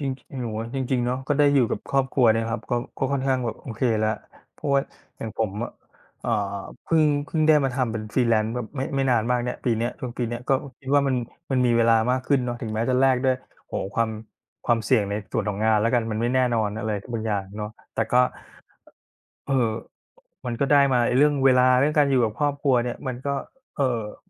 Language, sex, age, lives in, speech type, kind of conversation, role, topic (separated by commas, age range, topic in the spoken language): Thai, male, 40-44, Thailand, conversation, unstructured, none, อะไรคือความสุขง่ายๆ ที่คุณพบเจอในชีวิตประจำวัน?